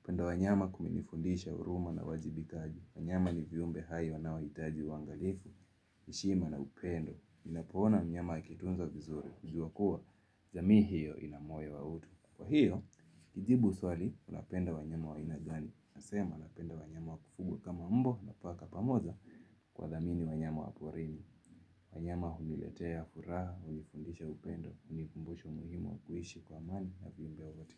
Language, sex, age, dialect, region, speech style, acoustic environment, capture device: Swahili, male, 18-24, Kenya - Mombasa, Kenya, happy; excited, music, mobile / Linux / Chrome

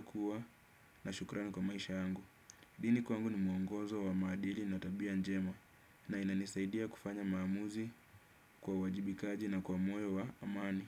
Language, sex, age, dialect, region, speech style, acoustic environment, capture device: Swahili, male, 25-34, Kenya - Nairobi Sheng-influenced, Kenya, happy; excited; focused; relaxed, silence; nature, desktop / Windows / Chrome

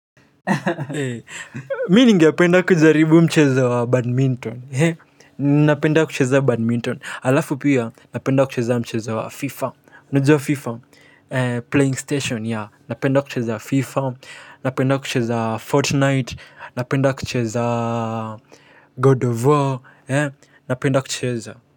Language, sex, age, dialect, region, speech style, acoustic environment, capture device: Swahili, male, 18-24, Kenya - Nairobi Sheng-influenced, Kenya, happy, silence, mobile / Linux / Chrome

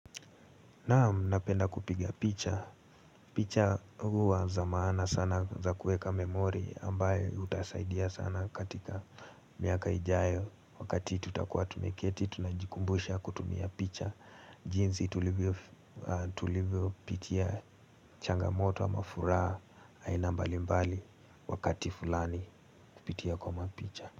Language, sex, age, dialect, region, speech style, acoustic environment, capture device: Swahili, male, 18-24, Kenya - Nairobi Sheng-influenced, Kenya, relaxed; focused, silence; nature, mobile / Linux / Chrome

